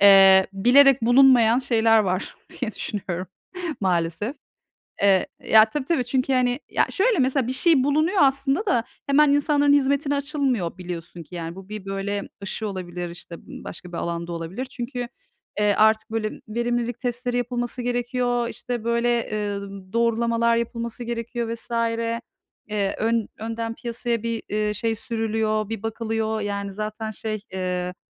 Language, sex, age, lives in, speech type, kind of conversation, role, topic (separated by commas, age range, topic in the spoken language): Turkish, female, 40-44, Netherlands, conversation, unstructured, none, Bilim insanlarının keşifleri hayatımızı nasıl değiştirdi?